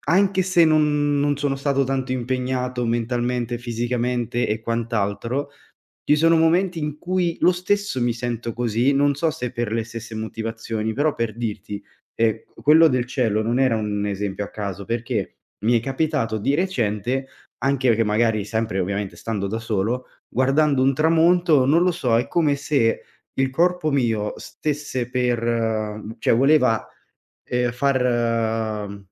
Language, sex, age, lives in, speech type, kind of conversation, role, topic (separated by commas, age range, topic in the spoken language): Italian, male, 25-29, Italy, conversation, advice, user, Come descriveresti la sensazione di vuoto o apatia anche se la tua vita sta andando bene?